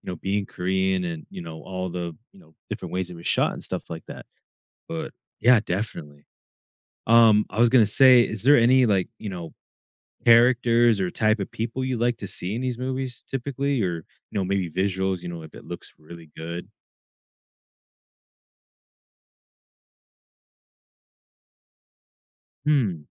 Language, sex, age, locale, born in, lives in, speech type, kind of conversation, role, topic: English, male, 20-24, en-US, United States, United States, conversation, podcast, host, Why do some movies leave a lasting impression while others fade from memory?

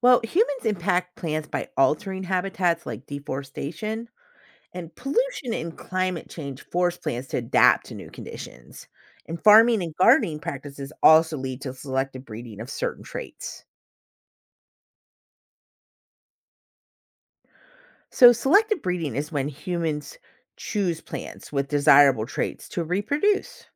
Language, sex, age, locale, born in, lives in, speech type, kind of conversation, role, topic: English, female, 40-44, en-US, United States, United States, read, expert-assistant, expert, How do plants adapt to their environment?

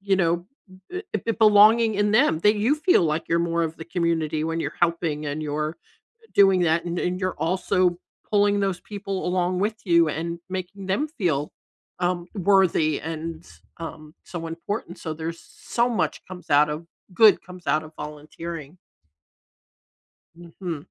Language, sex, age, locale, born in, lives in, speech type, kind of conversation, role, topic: English, female, 60-64, en-US, United States, United States, conversation, podcast, host, In what ways can volunteering help people gain a deeper understanding of their community and social issues?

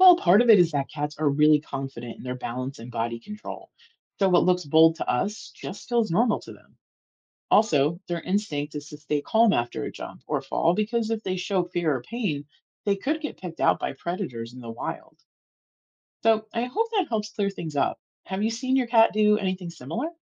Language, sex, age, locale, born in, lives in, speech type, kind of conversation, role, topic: English, female, 40-44, en-US, United States, United States, read, expert-assistant, expert, Why do cats land on their feet when they fall?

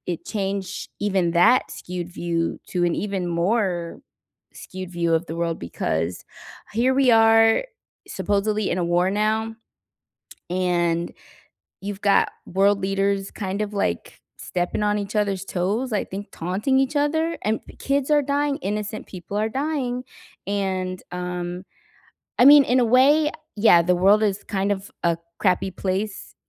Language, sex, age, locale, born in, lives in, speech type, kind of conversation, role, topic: English, female, 40-44, en-US, United States, United States, conversation, unstructured, none, Have you ever seen a news story that changed how you see the world?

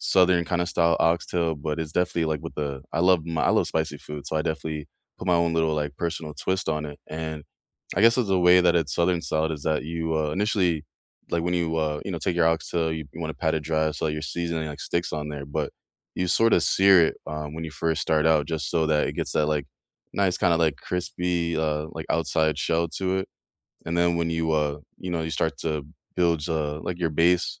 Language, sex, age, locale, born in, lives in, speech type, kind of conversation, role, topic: English, male, 25-29, en-US, United States, United States, conversation, unstructured, none, What simple recipe do you love making for friends or family?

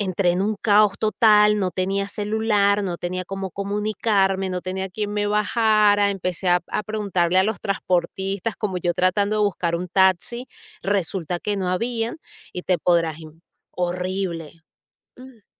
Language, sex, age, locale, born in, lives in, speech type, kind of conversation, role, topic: Spanish, female, 40-44, es-VE, Venezuela, United States, conversation, podcast, guest, ¿Cuál fue el encuentro con un desconocido que nunca olvidaste?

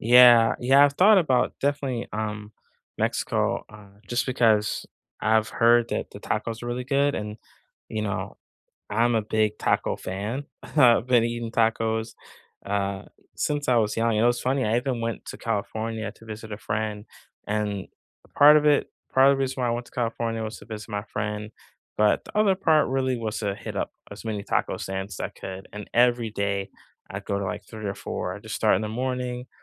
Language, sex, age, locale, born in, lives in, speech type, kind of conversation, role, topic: English, male, 40-44, en-US, United States, United States, conversation, unstructured, none, What street food do you crave, and where do you find it?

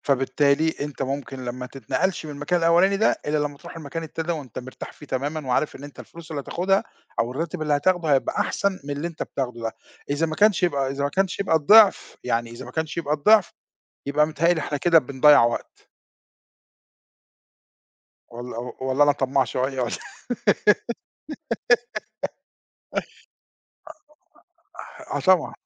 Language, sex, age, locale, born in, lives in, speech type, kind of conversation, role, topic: Arabic, male, 50-54, ar-EG, Egypt, Portugal, conversation, podcast, guest, إزاي بتختار شغلانة جديدة لو اتعرضت عليك؟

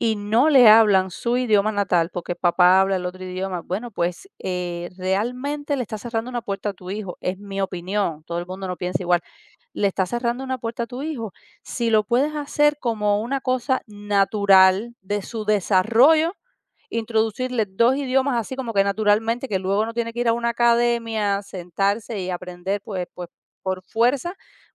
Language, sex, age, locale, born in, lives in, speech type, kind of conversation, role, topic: Spanish, female, 40-44, es-CU, Cuba, United States, conversation, podcast, guest, ¿Qué idioma te hace sentir más tú mismo?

